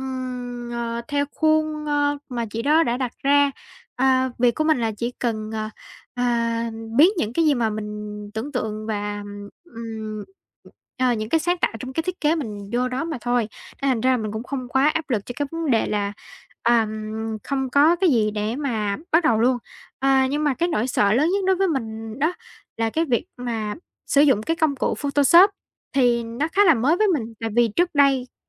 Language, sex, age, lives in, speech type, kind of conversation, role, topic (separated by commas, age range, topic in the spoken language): Vietnamese, female, 18-19, Vietnam, conversation, advice, user, Làm sao để ngừng trì hoãn khi bạn muốn tiến lên với dự án sáng tạo?